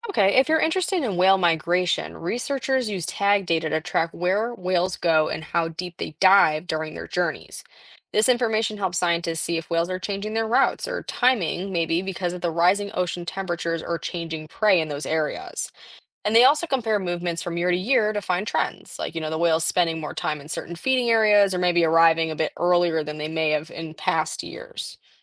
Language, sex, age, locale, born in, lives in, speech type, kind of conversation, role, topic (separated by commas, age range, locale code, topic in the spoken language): English, female, 18-19, en-US, United States, United States, read, expert-assistant, expert, How does climate change affect the migration of animals?